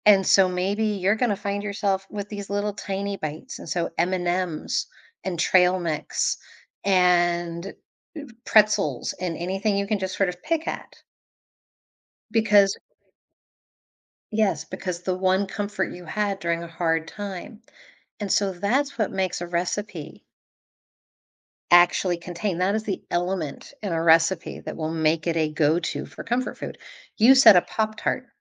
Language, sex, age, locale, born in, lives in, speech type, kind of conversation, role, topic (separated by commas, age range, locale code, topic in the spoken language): English, female, 45-49, en-US, United States, United States, conversation, podcast, guest, Why do certain foods bring us comfort and evoke strong memories?